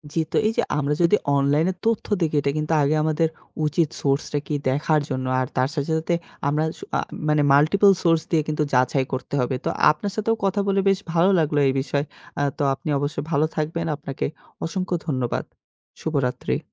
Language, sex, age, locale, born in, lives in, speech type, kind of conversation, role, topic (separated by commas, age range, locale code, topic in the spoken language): Bengali, male, 25-29, bn-BD, Bangladesh, Bangladesh, conversation, podcast, guest, নতুন কোনো তথ্য পেলে তুমি সাধারণত সেটি কীভাবে যাচাই করো?